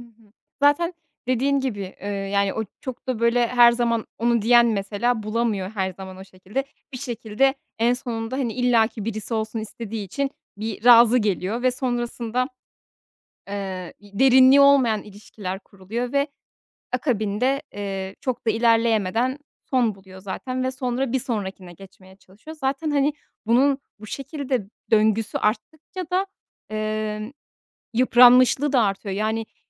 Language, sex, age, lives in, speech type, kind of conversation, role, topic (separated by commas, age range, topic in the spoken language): Turkish, female, 30-34, Netherlands, conversation, podcast, guest, Evlilik ve ilişkilerde kuşakların beklentileri nasıl değişti?